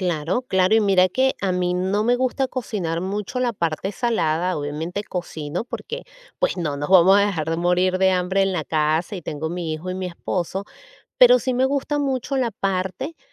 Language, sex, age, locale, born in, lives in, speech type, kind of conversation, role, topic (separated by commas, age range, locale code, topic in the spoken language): Spanish, female, 40-44, es-VE, Venezuela, United States, conversation, advice, user, ¿Cómo puedo equilibrar el placer inmediato con los beneficios a largo plazo al formar hábitos?